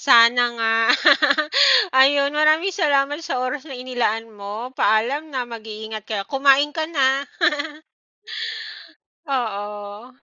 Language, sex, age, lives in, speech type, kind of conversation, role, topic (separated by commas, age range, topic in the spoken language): Filipino, female, 35-39, Philippines, conversation, unstructured, none, Ano ang pinakamainam mong paraan ng pagsisimula ng umaga tuwing Sabado at Linggo?